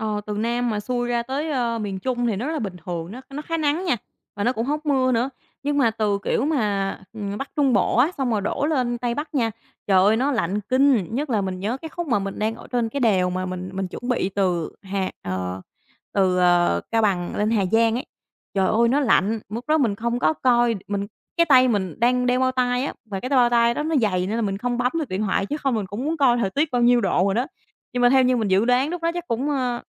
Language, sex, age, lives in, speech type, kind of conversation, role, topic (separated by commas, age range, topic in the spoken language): Vietnamese, female, 25-29, Vietnam, conversation, podcast, guest, Bạn đã từng có chuyến đi phượt dài ngày nào chưa, và bạn có thể kể lại trải nghiệm đó không?